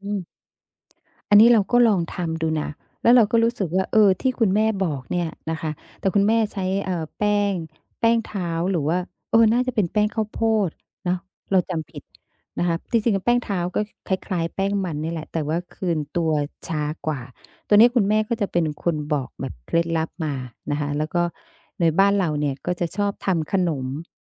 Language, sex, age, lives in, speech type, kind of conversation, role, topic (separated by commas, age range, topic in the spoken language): Thai, female, 50-54, Thailand, conversation, podcast, guest, เมนูที่แม่ทำให้กินตอนเด็กๆ จานไหนที่คุณคิดถึงที่สุด และเพราะอะไร?